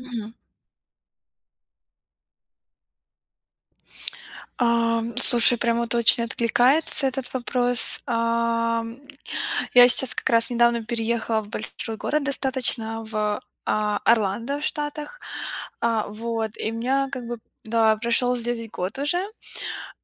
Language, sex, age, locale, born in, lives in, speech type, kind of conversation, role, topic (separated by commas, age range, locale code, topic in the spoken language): Russian, female, 25-29, ru-RU, Ukraine, United States, conversation, podcast, guest, Как справиться с одиночеством в большом городе?